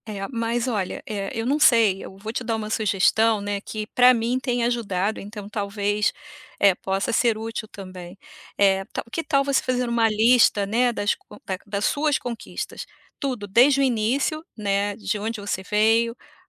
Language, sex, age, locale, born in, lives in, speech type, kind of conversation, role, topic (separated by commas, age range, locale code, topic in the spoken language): Portuguese, female, 55-59, pt-BR, Brazil, United States, conversation, advice, advisor, Por que eu me comparo tanto com amigos e colegas e isso me deixa para baixo?